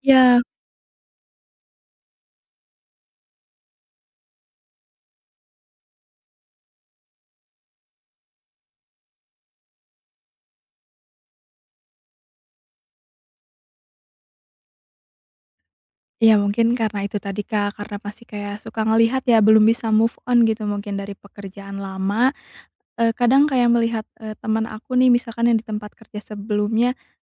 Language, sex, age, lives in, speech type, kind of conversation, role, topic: Indonesian, female, 30-34, Indonesia, conversation, advice, user, Bagaimana cara membangun rasa percaya diri yang tahan lama?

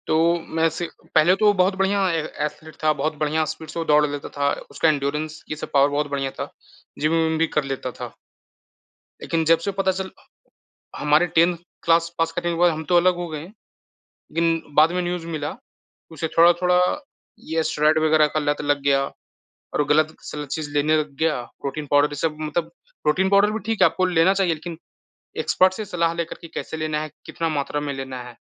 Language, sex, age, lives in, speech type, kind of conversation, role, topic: Hindi, male, 20-24, India, conversation, unstructured, none, क्या सोशल मीडिया फिटनेस के रुझानों को लेकर अंधविश्वास फैलाता है?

